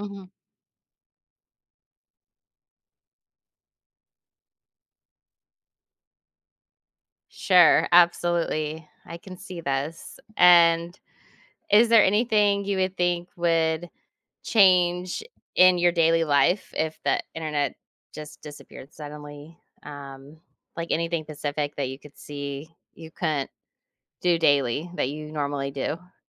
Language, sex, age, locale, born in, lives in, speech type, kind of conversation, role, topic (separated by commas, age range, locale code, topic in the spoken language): English, female, 40-44, en-US, United States, United States, conversation, podcast, host, How would our lives and relationships change if we no longer had access to the internet?